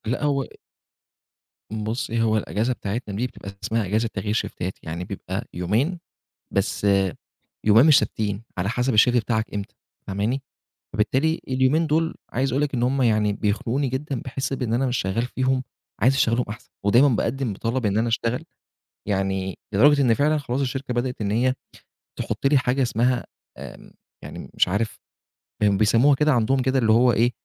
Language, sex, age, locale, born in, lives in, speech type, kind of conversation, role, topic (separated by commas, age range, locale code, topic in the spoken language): Arabic, male, 25-29, ar-EG, Egypt, Egypt, conversation, advice, user, إزاي أبطل أحس بالذنب كل ما آخد راحة؟